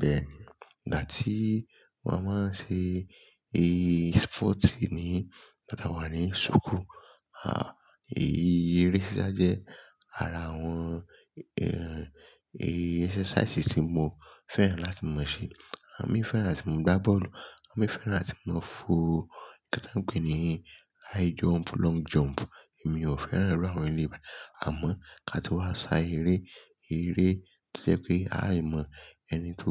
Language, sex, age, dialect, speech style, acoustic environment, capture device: Yoruba, male, 25-34, Nigeria - Lagos Yoruba, excited; focused; relaxed, silence; nature; traffic; people talking, mobile / Linux / Chrome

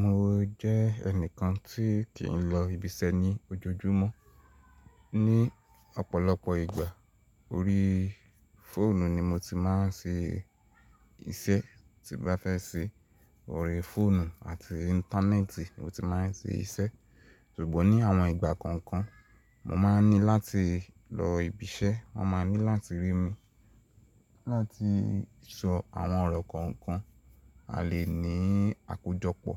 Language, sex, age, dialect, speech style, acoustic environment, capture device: Yoruba, male, 18-24, Nigeria - Ibadan, nervous, nature, mobile / Linux / Chrome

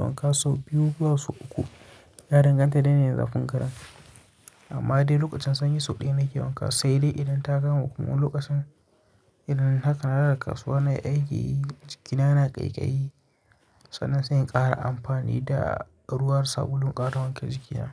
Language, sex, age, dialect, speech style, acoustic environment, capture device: Hausa, male, 25-34, Nigeria - Kano, relaxed, nature, mobile / Linux / Chrome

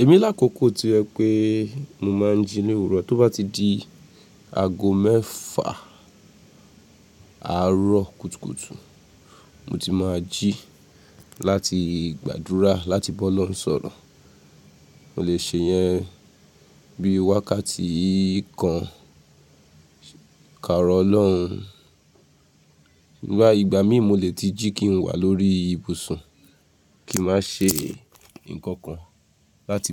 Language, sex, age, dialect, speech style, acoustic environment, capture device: Yoruba, female, 35-44, Nigeria - Nigerian Standard, focused, silence, mobile / Linux / Chrome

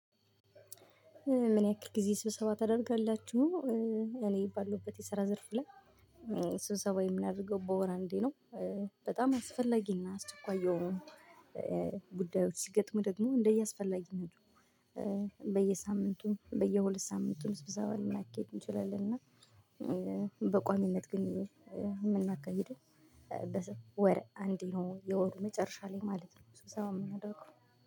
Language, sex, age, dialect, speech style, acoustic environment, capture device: Amharic, female, 25-34, Ethiopia - Gojjam, happy; excited; relaxed, silence, mobile / Linux / Chrome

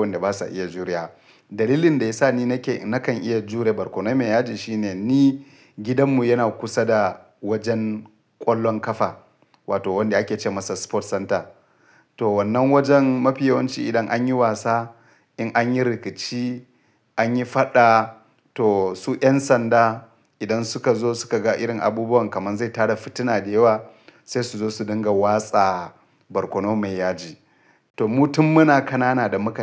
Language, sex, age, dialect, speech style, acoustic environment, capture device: Hausa, male, 35-44, Nigeria - Kano, relaxed, silence, mobile / Linux / Chrome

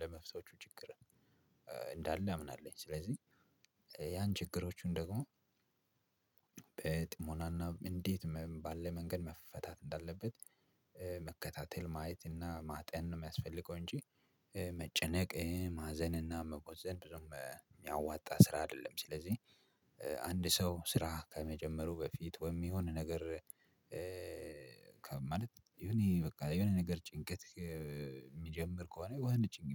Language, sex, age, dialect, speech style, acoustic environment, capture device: Amharic, male, 25-34, Ethiopia - Addis Ababa, relaxed, silence, mobile / Linux / Chrome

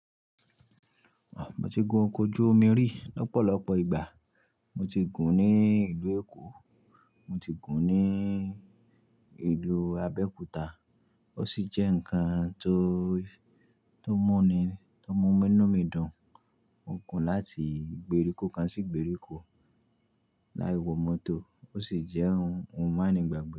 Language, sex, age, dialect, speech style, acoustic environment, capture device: Yoruba, male, 25-34, Nigeria - Nigerian Standard, happy; focused; excited; relaxed, nature, mobile / Linux / Chrome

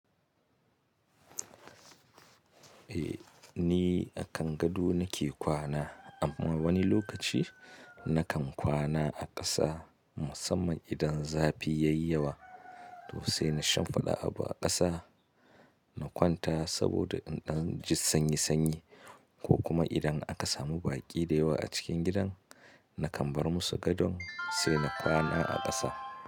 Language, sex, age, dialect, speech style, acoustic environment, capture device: Hausa, male, 25-34, Nigeria - Katsina, happy, nature, mobile / Linux / Chrome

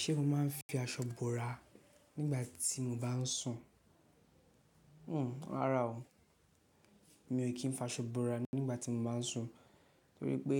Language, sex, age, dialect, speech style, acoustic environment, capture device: Yoruba, male, 18-24, Nigeria - Lagos Yoruba, focused; relaxed, people talking; nature, mobile / Linux / Chrome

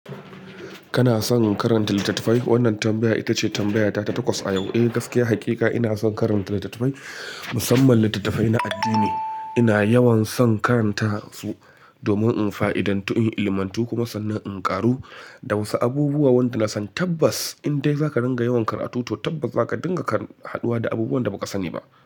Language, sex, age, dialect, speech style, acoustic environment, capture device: Hausa, male, 25-34, Nigeria - Kano, relaxed, silence, mobile / Linux / Chrome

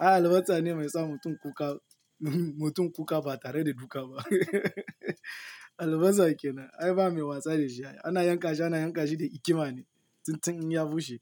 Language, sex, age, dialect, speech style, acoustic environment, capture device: Hausa, male, 18-24, Nigeria - Lagos Yoruba, tired; nervous, nature, mobile / Linux / Chrome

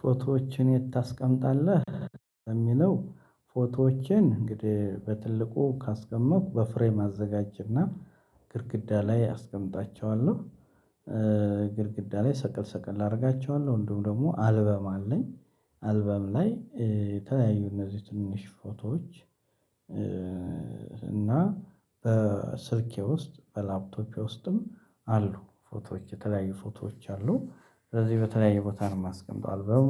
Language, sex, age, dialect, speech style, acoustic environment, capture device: Amharic, male, 35-44, Ethiopia - Addis Ababa, happy; excited, silence, mobile / Linux / Chrome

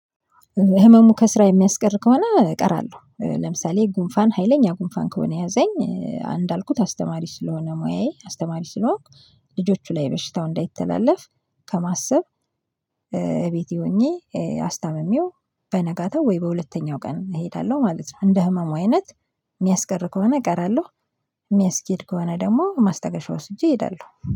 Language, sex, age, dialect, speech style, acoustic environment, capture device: Amharic, female, 25-34, Ethiopia - Addis Ababa, relaxed, silence, mobile / Linux / Chrome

